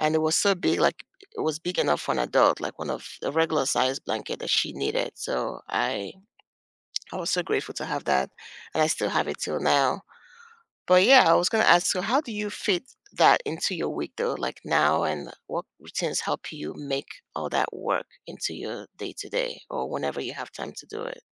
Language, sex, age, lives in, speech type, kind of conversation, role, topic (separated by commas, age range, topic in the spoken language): English, female, 40-44, United States, conversation, unstructured, none, Which past hobby have you picked back up, and what keeps it meaningful now?